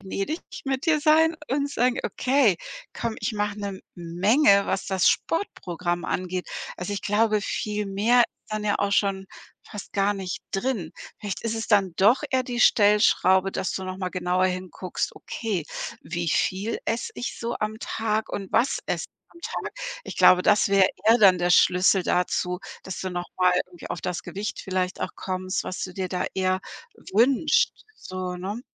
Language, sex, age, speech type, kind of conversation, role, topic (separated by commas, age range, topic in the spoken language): German, female, 55-59, conversation, advice, advisor, Wie gehst du mit Schuldgefühlen um, wenn du ein Training verpasst?